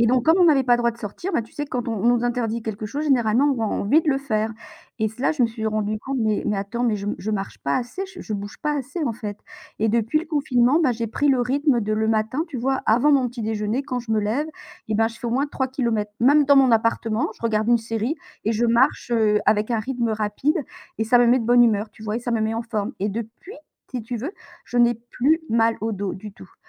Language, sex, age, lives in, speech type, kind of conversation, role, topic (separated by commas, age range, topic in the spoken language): French, female, 55-59, France, conversation, unstructured, none, Comment le sport influence-t-il votre humeur au quotidien ?